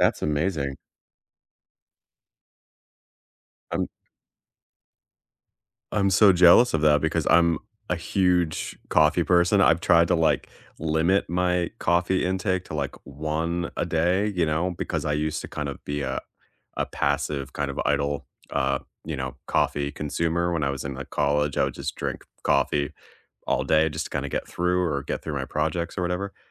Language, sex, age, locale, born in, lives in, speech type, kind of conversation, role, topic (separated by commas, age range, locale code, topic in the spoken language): English, male, 30-34, en-US, United States, United States, conversation, unstructured, none, What small habits make your morning routine truly enjoyable?